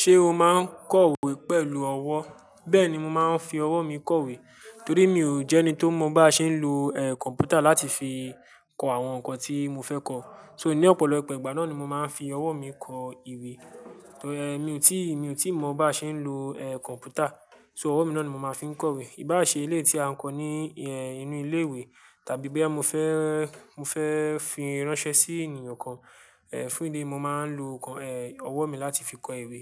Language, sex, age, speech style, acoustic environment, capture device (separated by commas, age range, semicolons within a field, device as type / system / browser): Yoruba, male, 18-24, excited, silence, mobile / Linux / Chrome